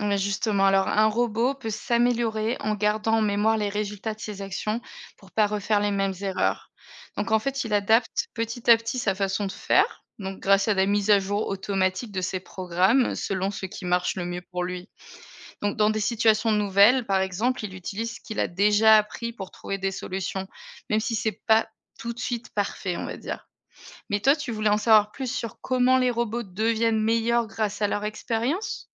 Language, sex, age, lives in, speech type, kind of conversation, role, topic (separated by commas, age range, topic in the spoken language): French, female, 30-34, Germany, read, expert-assistant, expert, Comment les robots peuvent-ils apprendre des tâches ?